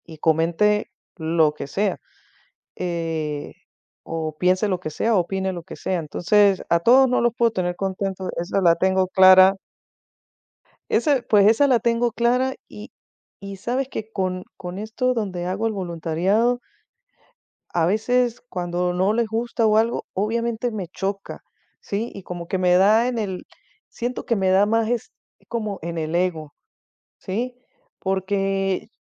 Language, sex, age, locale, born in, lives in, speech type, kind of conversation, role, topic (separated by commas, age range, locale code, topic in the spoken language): Spanish, female, 50-54, es-VE, Venezuela, United States, conversation, advice, user, ¿Cómo puedo superar el miedo a compartir mi trabajo y pedir retroalimentación?